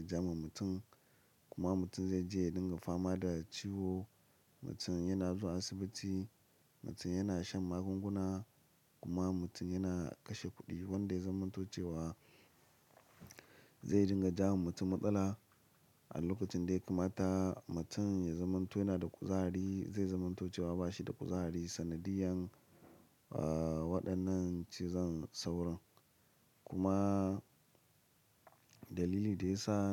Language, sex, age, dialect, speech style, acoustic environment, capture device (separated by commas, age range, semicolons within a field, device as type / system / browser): Hausa, male, 25-34, Nigeria - Lagos Yoruba, happy; excited, silence; appliances, mobile / Linux / Chrome